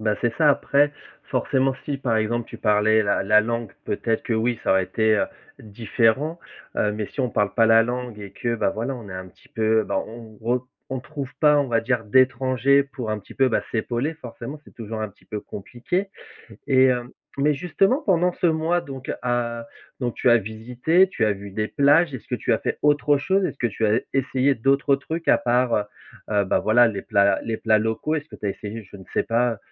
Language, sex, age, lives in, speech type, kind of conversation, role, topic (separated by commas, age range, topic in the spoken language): French, male, 35-39, France, conversation, podcast, host, Quelle aventure t’a vraiment marqué(e) ?